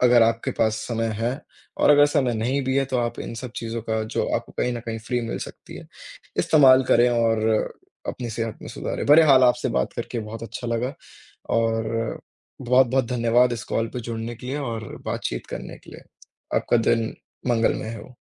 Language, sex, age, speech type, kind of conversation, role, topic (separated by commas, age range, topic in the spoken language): Hindi, male, 20-24, conversation, unstructured, none, क्या आप फिटनेस ट्रैकर के बिना रह सकते हैं?